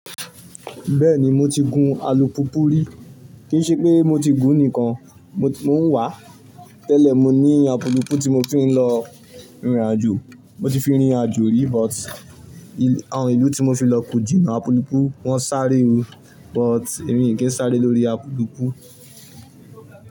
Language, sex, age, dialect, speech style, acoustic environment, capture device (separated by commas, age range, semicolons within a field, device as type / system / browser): Yoruba, male, 18-24, Nigeria - Ibadan, relaxed, nature, mobile / Linux / Chrome